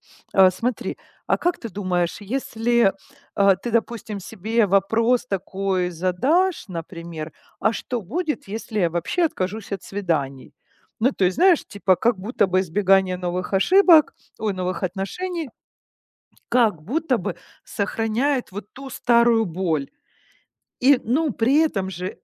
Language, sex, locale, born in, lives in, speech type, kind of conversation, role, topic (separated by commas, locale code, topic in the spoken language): Russian, female, ru-RU, Ukraine, Italy, conversation, advice, advisor, Как справиться с трудностями возвращения к свиданиям после расставания?